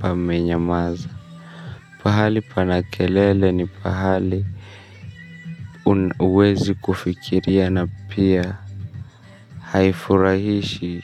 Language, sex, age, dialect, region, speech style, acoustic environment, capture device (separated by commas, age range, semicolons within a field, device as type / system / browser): Swahili, male, 25-34, Kenya - Nairobi Sheng-influenced, Kenya, tired; stressed; nervous, people talking; music, mobile / Linux / Chrome